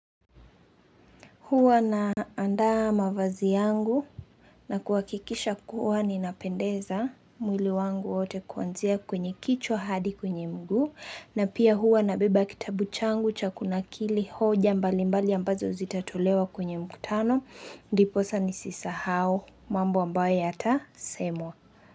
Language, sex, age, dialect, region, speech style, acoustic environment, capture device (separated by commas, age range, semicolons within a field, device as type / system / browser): Swahili, female, 25-34, Kenya - Nairobi Sheng-influenced, Kenya, focused, nature; silence, mobile / Linux / Chrome